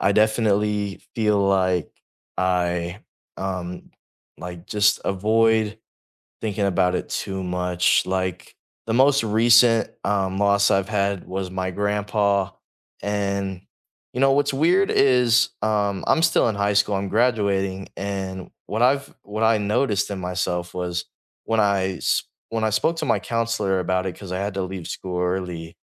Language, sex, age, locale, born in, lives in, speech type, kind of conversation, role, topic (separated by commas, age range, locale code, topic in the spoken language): English, male, 18-19, en-US, United States, United States, conversation, unstructured, none, What does it feel like to find joy again after a loss?